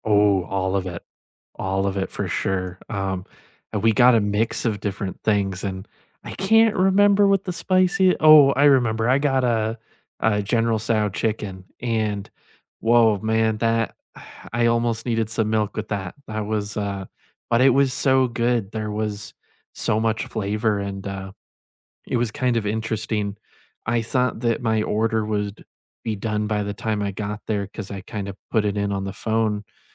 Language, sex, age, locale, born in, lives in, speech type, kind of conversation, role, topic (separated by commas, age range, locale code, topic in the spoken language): English, male, 20-24, en-US, United States, United States, conversation, unstructured, none, Which hidden gems in your city do you love showing visiting friends, and why?